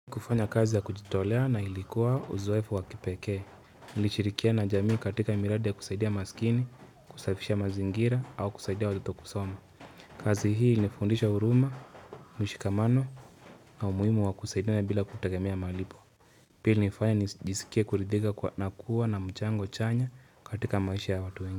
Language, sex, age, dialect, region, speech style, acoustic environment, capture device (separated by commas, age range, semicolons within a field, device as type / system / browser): Swahili, male, 25-34, Kenya - Nairobi Sheng-influenced, Kenya, relaxed, nature, mobile / Linux / Chrome